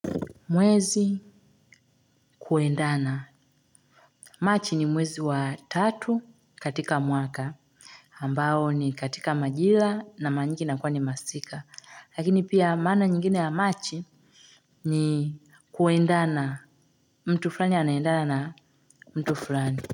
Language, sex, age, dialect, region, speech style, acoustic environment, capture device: Swahili, female, 25-34, Tanzania - Dar es Salaam, Tanzania, relaxed; tired, silence; nature, mobile / Linux / Chrome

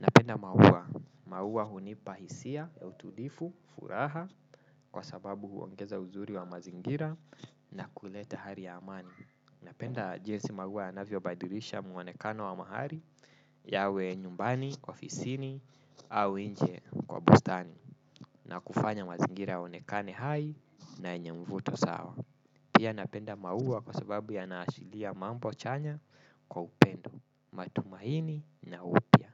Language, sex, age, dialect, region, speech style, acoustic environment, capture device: Swahili, male, 25-34, Kenya - Nairobi Sheng-influenced, Kenya, relaxed, nature, desktop / Windows / Chrome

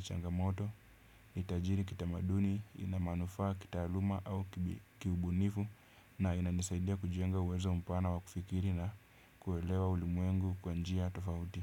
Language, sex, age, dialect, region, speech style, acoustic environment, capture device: Swahili, male, 25-34, Kenya - Nairobi Sheng-influenced, Kenya, happy; relaxed; excited; focused, nature; silence, desktop / Windows / Chrome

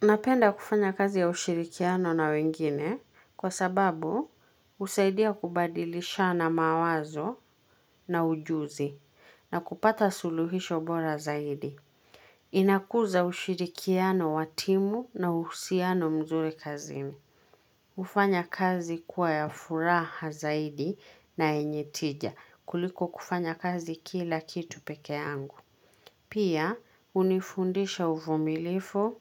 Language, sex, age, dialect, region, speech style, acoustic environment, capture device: Swahili, female, 25-34, Kenya - Nairobi Sheng-influenced, Kenya, happy, silence, mobile / Linux / Chrome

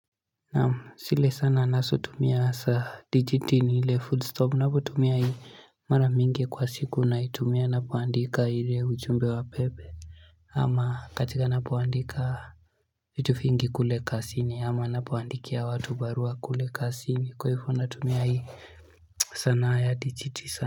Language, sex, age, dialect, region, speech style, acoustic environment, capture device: Swahili, male, 18-24, Kenya - Nairobi Sheng-influenced, Kenya, focused, nature, mobile / Linux / Chrome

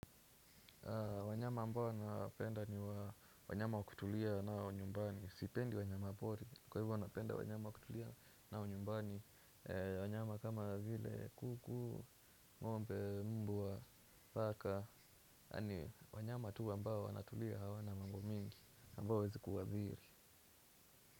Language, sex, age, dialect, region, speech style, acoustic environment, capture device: Swahili, male, 18-24, Kenya - Nairobi Sheng-influenced, Kenya, happy, nature, desktop / Windows / Chrome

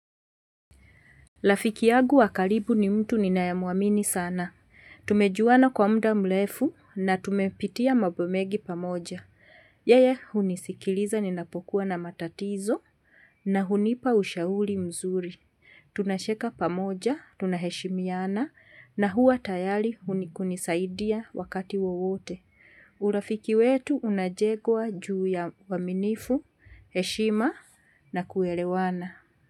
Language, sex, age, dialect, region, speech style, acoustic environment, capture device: Swahili, female, 45-54, Kenya - Nairobi Sheng-influenced, Kenya, relaxed, nature, mobile / Linux / Chrome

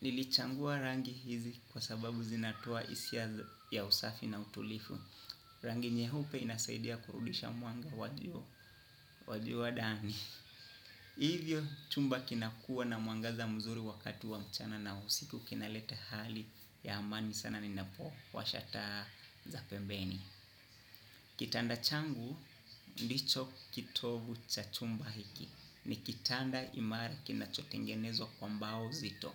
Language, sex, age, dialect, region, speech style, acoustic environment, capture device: Swahili, male, 25-34, Kenya - Nairobi Sheng-influenced, Kenya, happy, nature, desktop / Windows / Chrome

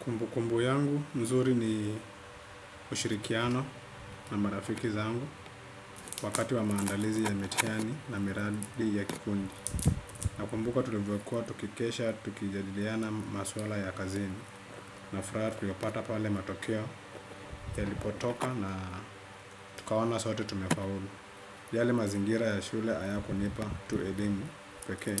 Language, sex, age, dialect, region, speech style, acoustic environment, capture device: Swahili, male, 25-34, Kenya - Nairobi Sheng-influenced, Kenya, relaxed, silence; appliances, desktop / Windows / Chrome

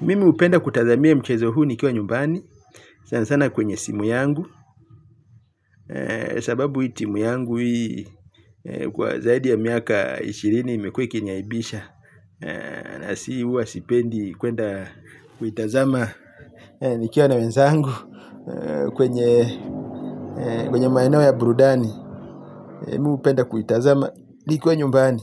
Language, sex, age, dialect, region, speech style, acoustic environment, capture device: Swahili, male, 35-44, Kenya - Mombasa, Kenya, happy, traffic, mobile / Linux / Chrome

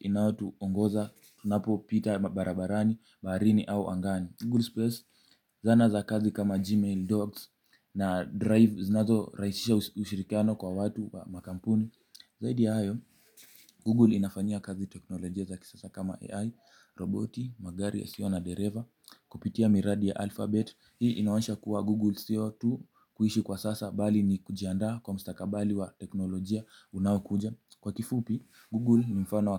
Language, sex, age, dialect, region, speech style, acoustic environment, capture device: Swahili, male, 18-24, Kenya - Mombasa, Kenya, happy; focused; anxious, nature; appliances, mobile / Linux / Chrome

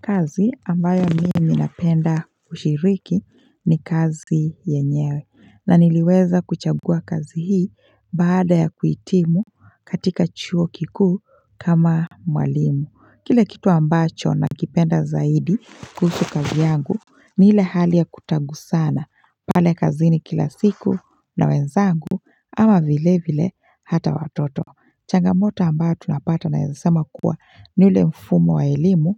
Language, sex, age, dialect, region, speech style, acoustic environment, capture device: Swahili, female, 25-34, Kenya - Mombasa, Kenya, focused, silence, mobile / Linux / Chrome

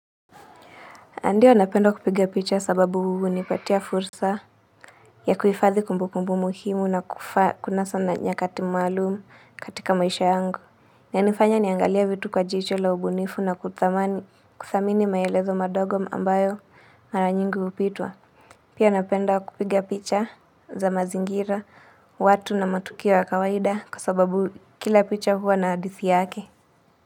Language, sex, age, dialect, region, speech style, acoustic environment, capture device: Swahili, female, 25-34, Kenya - Nairobi Sheng-influenced, Kenya, relaxed, people talking; appliances, mobile / Linux / Chrome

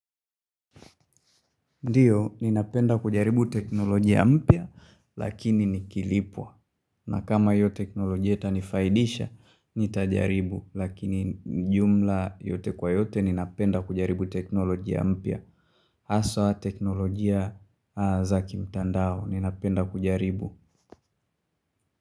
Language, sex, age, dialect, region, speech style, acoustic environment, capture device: Swahili, male, 25-34, Tanzania - Dar es Salaam, Tanzania, tired, silence, mobile / Linux / Chrome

